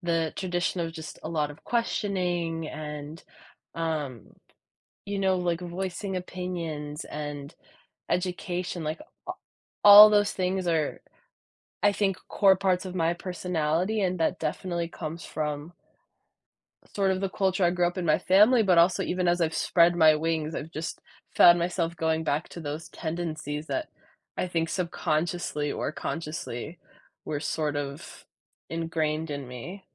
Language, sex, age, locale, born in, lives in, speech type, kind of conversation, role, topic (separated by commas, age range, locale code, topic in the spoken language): English, female, 20-24, en-US, United States, United States, conversation, podcast, guest, In what ways do family or cultural traditions influence who we become?